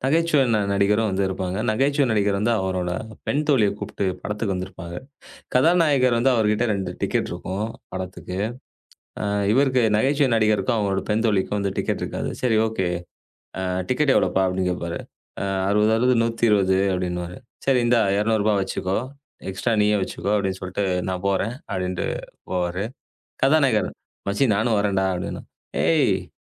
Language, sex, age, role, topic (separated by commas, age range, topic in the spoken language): Tamil, male, 35-39, host, நண்பர்களிடம் எல்லைகளை எப்படிச் சொல்லுவது?